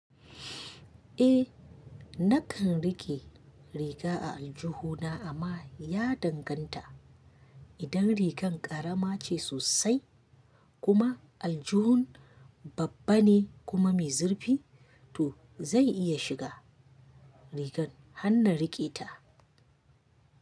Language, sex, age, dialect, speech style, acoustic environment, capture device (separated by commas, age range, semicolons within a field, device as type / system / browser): Hausa, female, 25-34, Nigeria - Kano, happy; anxious; excited; focused, nature; silence, mobile / Linux / Chrome